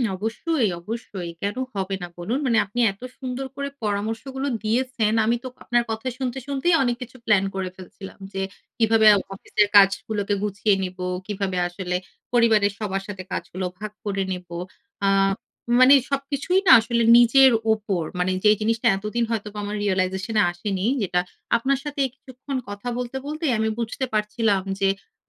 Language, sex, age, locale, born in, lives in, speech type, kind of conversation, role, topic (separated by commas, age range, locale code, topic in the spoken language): Bengali, female, 35-39, bn-BD, Bangladesh, Finland, conversation, advice, user, পারফেকশনিজম কাজ শেষ করতে বাধা দেয়